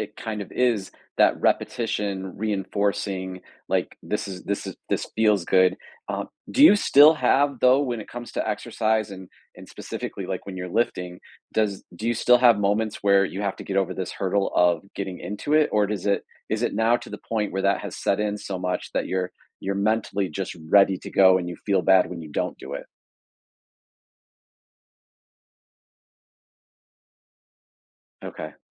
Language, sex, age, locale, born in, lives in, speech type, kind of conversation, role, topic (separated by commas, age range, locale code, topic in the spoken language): English, male, 45-49, en-US, United States, United States, conversation, podcast, host, In what ways can regular physical activity support our mental and emotional well-being?